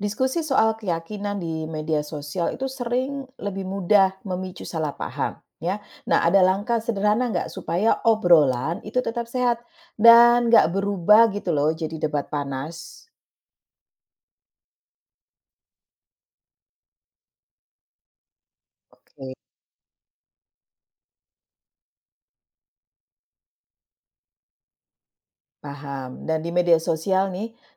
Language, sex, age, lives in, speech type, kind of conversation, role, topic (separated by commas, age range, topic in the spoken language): Indonesian, female, 45-49, Netherlands, read, expert-assistant, asker, Bagaimana menghindari salah paham saat membicarakan keyakinan agama dengan teman atau keluarga?